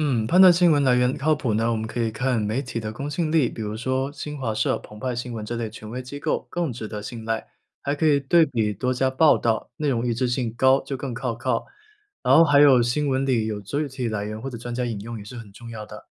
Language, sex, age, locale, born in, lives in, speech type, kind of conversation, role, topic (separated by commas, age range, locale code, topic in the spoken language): Chinese, male, 25-29, zh-CN, China, United States, read, expert-assistant, expert, 我们怎么判断新闻报道背后的信息来源是否可靠？